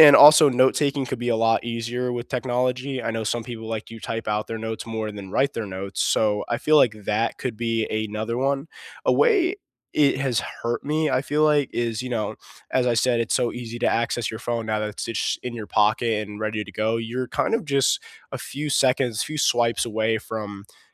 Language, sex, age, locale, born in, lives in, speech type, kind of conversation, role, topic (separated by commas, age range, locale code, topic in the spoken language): English, male, 18-19, en-US, United States, United States, conversation, unstructured, none, How has classroom technology helped or hurt your learning?